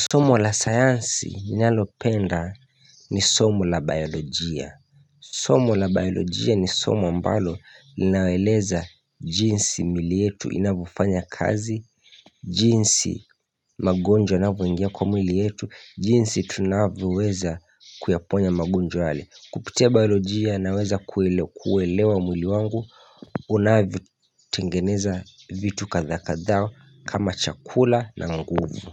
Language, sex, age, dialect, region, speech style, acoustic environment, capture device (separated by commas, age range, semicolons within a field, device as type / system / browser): Swahili, male, 25-34, Kenya - Mombasa, Kenya, happy, nature, mobile / Linux / Chrome